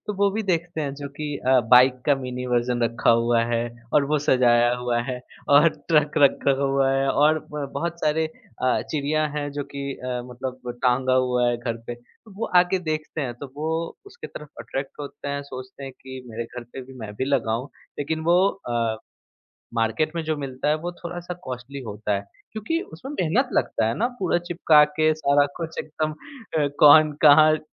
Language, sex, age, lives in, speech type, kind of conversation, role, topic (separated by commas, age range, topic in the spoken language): Hindi, male, 25-29, India, conversation, podcast, guest, Leftovers ko creative tareeke se kaise use karte ho, koi hack?